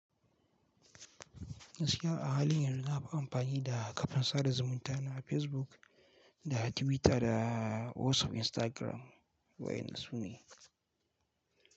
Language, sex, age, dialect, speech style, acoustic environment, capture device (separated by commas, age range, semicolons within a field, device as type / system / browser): Hausa, male, 18-24, Nigeria - Kano, relaxed; focused, silence, mobile / Linux / Chrome